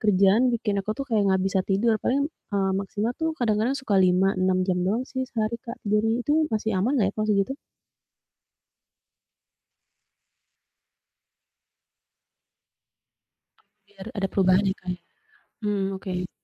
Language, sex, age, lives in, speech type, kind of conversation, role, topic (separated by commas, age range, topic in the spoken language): Indonesian, female, 35-39, Indonesia, conversation, advice, user, Bagaimana cara mengatasi stagnasi kebugaran dan mulai melihat kemajuan lagi?